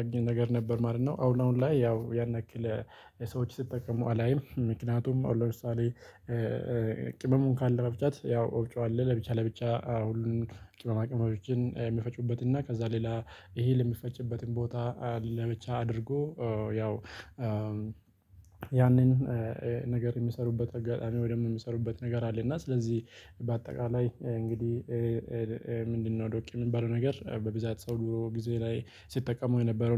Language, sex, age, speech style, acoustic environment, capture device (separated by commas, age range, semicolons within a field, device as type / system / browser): Amharic, male, 25-34, happy; relaxed; excited; focused, silence, mobile / Linux / Chrome